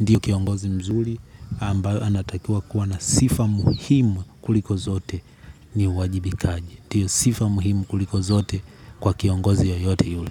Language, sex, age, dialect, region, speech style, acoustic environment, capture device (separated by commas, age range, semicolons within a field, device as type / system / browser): Swahili, male, 18-24, Tanzania - Dar es Salaam, Tanzania, happy; relaxed; excited; focused, nature, mobile / Linux / Chrome